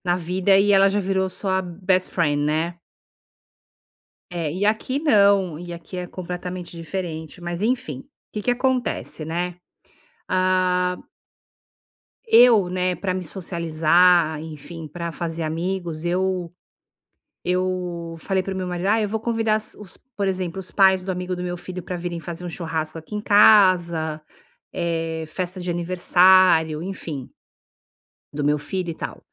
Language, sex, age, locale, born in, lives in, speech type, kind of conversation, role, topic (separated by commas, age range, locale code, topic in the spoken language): Portuguese, female, 50-54, pt-BR, Brazil, United States, conversation, advice, user, Como posso dizer não a convites sem sentir culpa social?